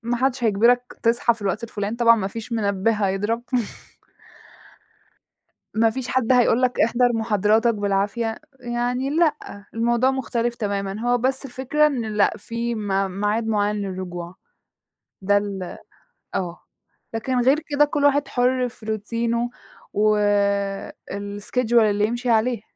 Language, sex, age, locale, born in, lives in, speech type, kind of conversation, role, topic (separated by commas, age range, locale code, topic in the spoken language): Arabic, female, 20-24, ar-EG, Egypt, Egypt, conversation, podcast, guest, إيه هو روتين صباحي صحي بتعمله وبيحسّن يومك؟